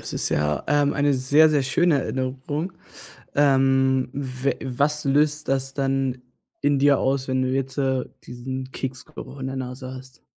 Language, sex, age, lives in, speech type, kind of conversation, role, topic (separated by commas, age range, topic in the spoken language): German, male, 20-24, Germany, conversation, podcast, host, Welche Erinnerungen rufst du dir durch einen bestimmten Geruch besonders gern ins Gedächtnis?